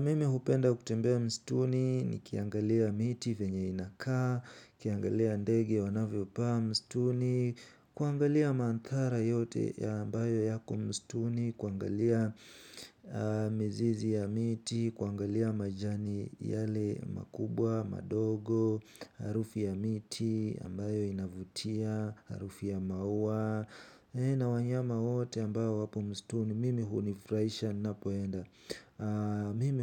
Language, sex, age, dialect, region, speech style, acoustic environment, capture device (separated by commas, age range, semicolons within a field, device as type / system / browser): Swahili, male, 18-24, Kenya - Nairobi Sheng-influenced, Kenya, focused, nature, mobile / Linux / Chrome